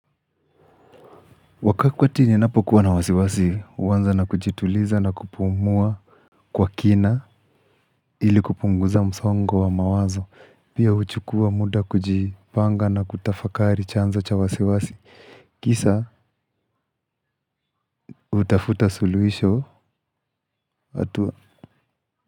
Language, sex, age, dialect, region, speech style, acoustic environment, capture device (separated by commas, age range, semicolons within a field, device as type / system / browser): Swahili, male, 25-34, Kenya - Nairobi Sheng-influenced, Kenya, relaxed, people talking, mobile / Linux / Chrome